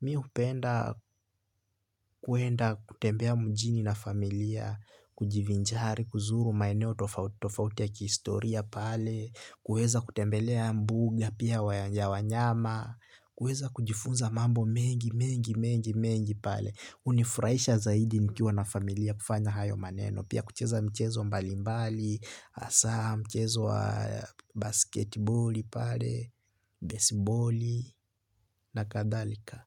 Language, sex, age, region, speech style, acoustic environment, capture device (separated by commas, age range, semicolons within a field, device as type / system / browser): Swahili, male, 18-24, Kenya, happy, nature, desktop / Linux / Chrome